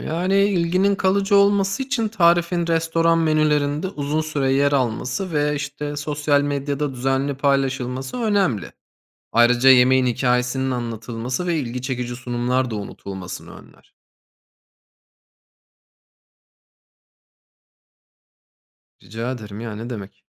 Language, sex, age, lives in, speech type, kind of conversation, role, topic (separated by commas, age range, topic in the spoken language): Turkish, male, 30-34, Germany, read, expert-assistant, expert, Bir ülkenin kimliğini şekillendirmede, gelenekleri korumak mı yoksa yeni kültürlere açık olmak mı daha etkili olur?